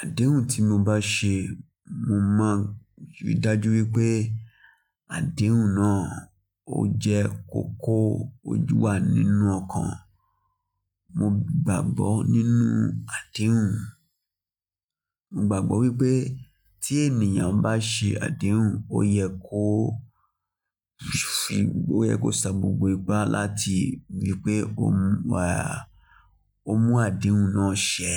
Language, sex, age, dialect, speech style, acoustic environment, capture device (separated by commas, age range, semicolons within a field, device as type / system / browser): Yoruba, male, 25-34, Nigeria - Lagos Yoruba, focused, nature, mobile / Linux / Chrome